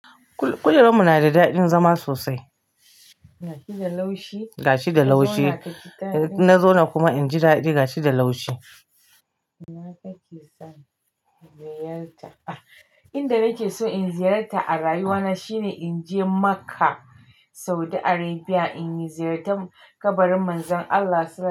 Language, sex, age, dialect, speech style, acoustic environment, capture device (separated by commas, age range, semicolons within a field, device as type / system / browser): Hausa, female, 45-54, Nigeria - Kano, happy; relaxed; excited; focused, nature, mobile / Linux / Chrome